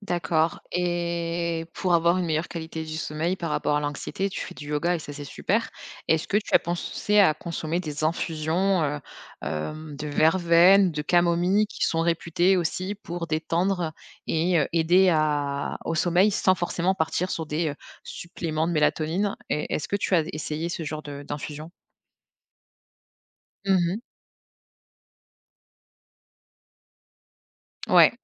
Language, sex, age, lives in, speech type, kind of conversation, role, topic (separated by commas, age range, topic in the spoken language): French, female, 30-34, France, conversation, advice, advisor, Pourquoi suis-je épuisé(e) malgré une routine d’exercice régulière ?